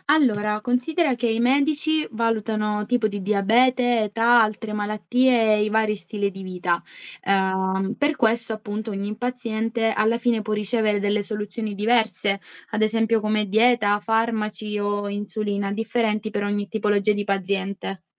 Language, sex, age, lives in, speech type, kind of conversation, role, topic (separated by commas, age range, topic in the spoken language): Italian, female, 20-24, Italy, read, expert-assistant, expert, Come si sceglie e si valuta la terapia migliore per il diabete?